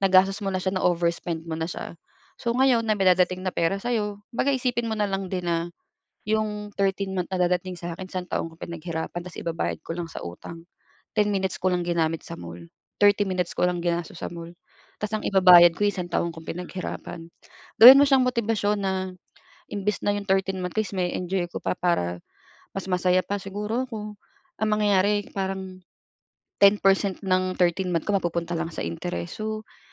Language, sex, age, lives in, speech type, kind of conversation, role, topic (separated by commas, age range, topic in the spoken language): Filipino, female, 35-39, Philippines, conversation, advice, advisor, Paano ko haharapin ang biglaang lumaking utang sa aking credit card dahil sa labis na paggastos?